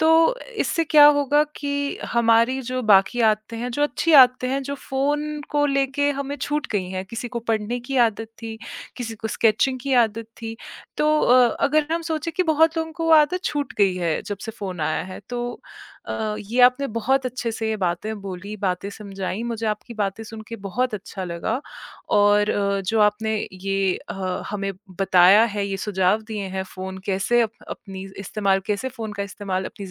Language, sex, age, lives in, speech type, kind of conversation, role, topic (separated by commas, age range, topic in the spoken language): Hindi, female, 30-34, India, conversation, podcast, host, काम और घर में फ़ोन इस्तेमाल करने के लिए आपके क्या नियम हैं?